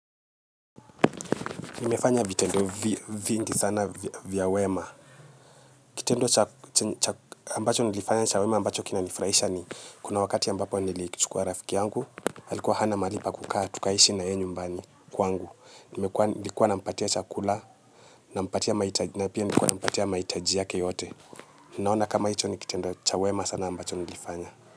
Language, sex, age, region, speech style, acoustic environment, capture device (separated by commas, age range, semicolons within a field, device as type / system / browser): Swahili, male, 25-34, Kenya, happy, silence, mobile / Linux / Chrome